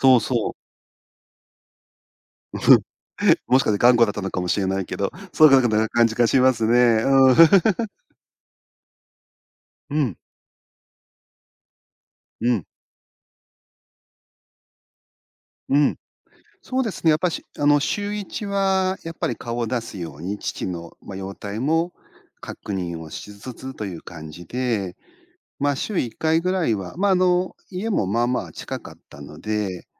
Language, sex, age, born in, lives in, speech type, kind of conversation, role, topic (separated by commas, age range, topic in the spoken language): Japanese, male, 50-54, Japan, Japan, conversation, podcast, guest, 介護が必要になったら、どうすればいいですか？